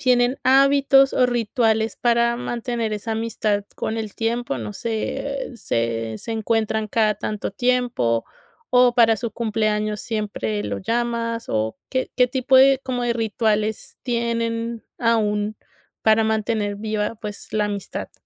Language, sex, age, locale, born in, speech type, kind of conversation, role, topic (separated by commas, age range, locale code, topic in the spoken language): Spanish, female, 30-34, es-CO, Colombia, conversation, podcast, host, ¿Qué amistad ha envejecido bien y por qué?